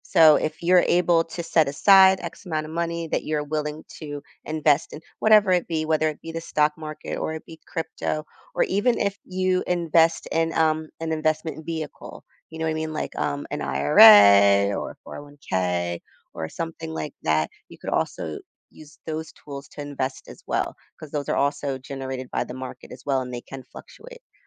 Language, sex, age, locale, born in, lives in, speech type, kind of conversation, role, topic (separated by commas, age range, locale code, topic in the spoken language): English, female, 40-44, en-US, United States, United States, conversation, advice, advisor, How can I start building savings when I'm living paycheck to paycheck?